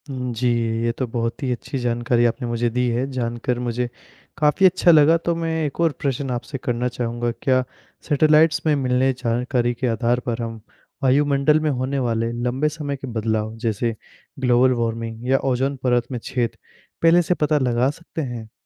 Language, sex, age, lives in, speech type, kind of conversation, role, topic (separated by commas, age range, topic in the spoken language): Hindi, male, 20-24, India, read, expert-assistant, asker, पृथ्वी के वायुमंडल की परतें कौन-कौन सी हैं?